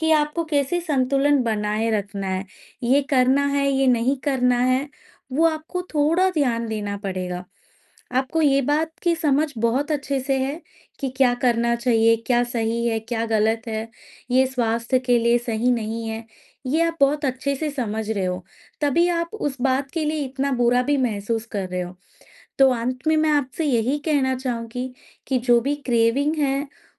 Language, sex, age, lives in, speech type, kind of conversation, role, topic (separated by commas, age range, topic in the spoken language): Hindi, female, 25-29, India, conversation, advice, advisor, अचानक लगने वाली भूख और तले-भुने नाश्तों के त्वरित लालच से मैं कैसे निपटूँ?